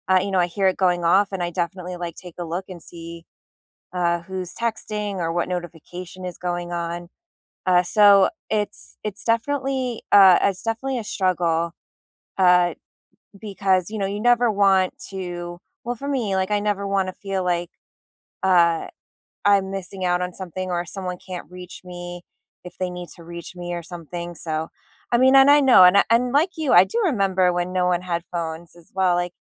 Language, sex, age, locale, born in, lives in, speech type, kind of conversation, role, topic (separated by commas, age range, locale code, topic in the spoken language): English, female, 35-39, en-US, United States, United States, conversation, unstructured, none, How do you manage notifications and screen time without feeling like you're missing out?